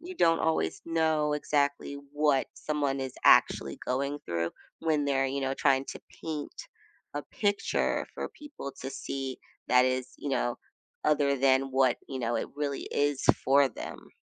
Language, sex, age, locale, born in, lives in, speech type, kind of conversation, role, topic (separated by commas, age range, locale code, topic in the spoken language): English, female, 40-44, en-US, United States, United States, conversation, podcast, guest, How do your values and experiences shape what success looks like in your life?